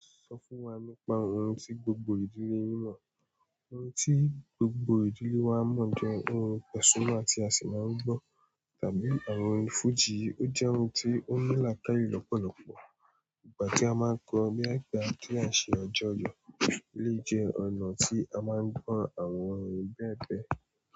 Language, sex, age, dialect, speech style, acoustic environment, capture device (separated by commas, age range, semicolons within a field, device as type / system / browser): Yoruba, male, 18-24, Nigeria - Nigerian Standard, relaxed, silence, mobile / Android / Chrome